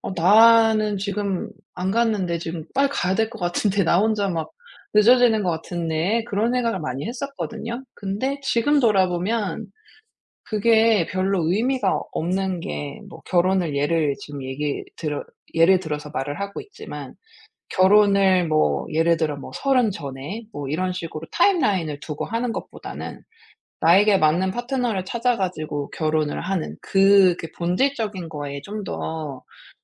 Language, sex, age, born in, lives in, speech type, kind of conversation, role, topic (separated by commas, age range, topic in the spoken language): Korean, female, 40-44, South Korea, United States, conversation, advice, user, 또래와 비교할 때 느끼는 불안을 어떻게 다뤄야 하나요?